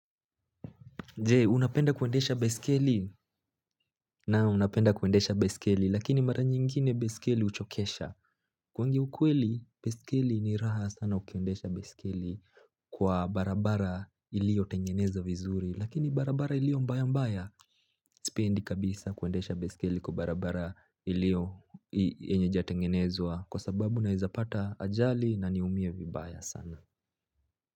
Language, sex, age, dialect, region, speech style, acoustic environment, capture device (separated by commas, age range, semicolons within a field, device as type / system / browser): Swahili, male, 18-24, Kenya - Nairobi Sheng-influenced, Kenya, happy, silence, mobile / Linux / Chrome